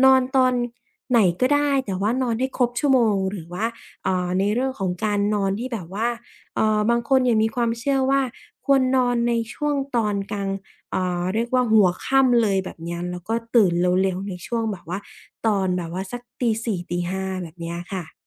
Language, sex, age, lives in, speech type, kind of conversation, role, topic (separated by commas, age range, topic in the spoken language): Thai, female, 25-29, Thailand, conversation, podcast, host, การนอนหลับมีบทบาทอย่างไรต่อการฟื้นฟูสุขภาพ?